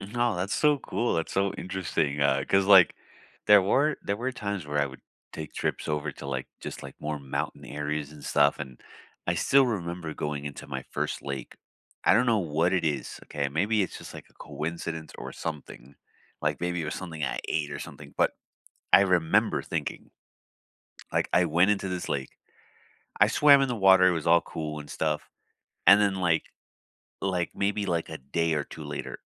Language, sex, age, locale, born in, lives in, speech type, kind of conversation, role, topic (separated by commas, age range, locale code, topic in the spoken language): English, male, 30-34, en-US, United States, United States, conversation, unstructured, none, What is the most welcoming place you have ever been to?